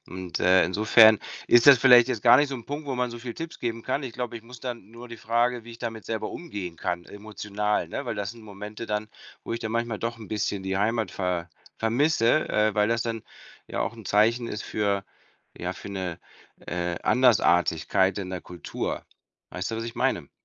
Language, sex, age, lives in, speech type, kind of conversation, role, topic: German, male, 50-54, Spain, conversation, advice, user, Wie finde ich nach einer plötzlichen Lebensveränderung wieder Halt?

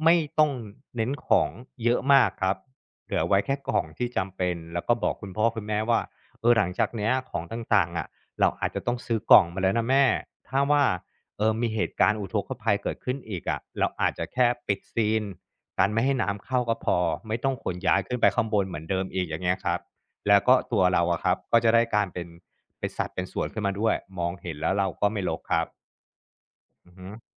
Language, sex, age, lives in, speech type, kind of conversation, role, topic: Thai, male, 35-39, Thailand, conversation, advice, advisor, จะเริ่มจัดระเบียบของที่ไม่จำเป็นที่สะสมจนรู้สึกรกและหนักใจได้อย่างไร?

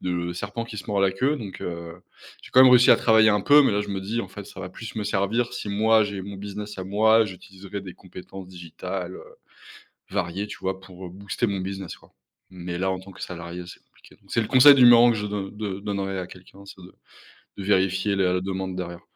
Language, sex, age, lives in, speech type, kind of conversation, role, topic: French, male, 30-34, France, conversation, podcast, guest, Comment sais-tu qu’il est temps de changer de travail ?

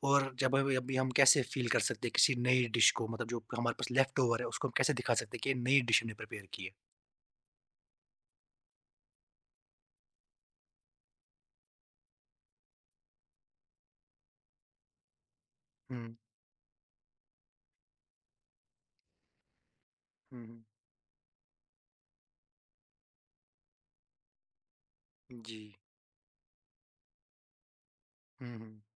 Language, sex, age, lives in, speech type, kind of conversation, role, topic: Hindi, male, 20-24, India, conversation, podcast, host, Leftovers ko party mein smart tareeke se kaise use karte ho, tips kya hain?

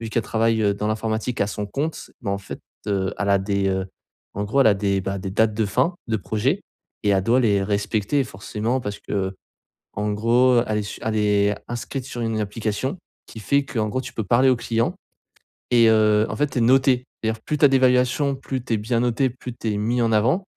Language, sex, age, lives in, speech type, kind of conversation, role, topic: French, male, 20-24, France, conversation, advice, user, Pourquoi n’arrive-je pas à me détendre même quand j’ai du temps libre ?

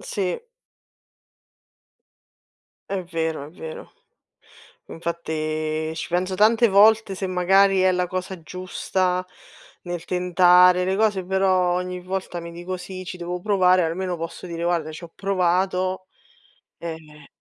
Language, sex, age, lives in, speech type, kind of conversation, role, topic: Italian, female, 30-34, Italy, conversation, unstructured, none, Qual è un desiderio che ti fa sorridere?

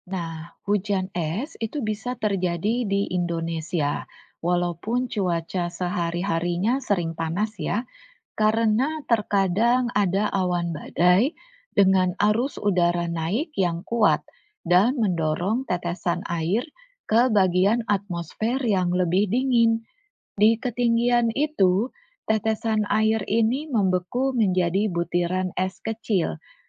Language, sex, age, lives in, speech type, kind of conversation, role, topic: Indonesian, female, 40-44, Indonesia, read, expert-assistant, expert, Bagaimana proses terjadinya siklus air di alam?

